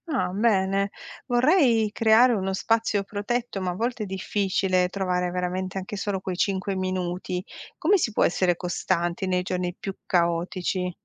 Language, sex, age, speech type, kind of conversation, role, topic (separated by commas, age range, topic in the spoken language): Italian, female, 45-49, read, expert-assistant, asker, Come si può seguire una terapia complessa quando si hanno impegni di lavoro e famiglia?